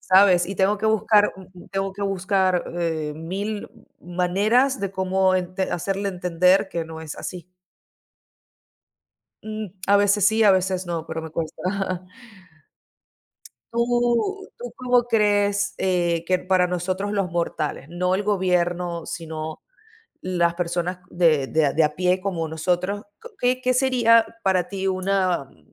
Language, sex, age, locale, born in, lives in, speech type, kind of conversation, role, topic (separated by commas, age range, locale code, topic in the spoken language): Spanish, female, 30-34, es-VE, Venezuela, Italy, conversation, unstructured, none, ¿Cómo crees que la desinformación afecta a nuestra sociedad?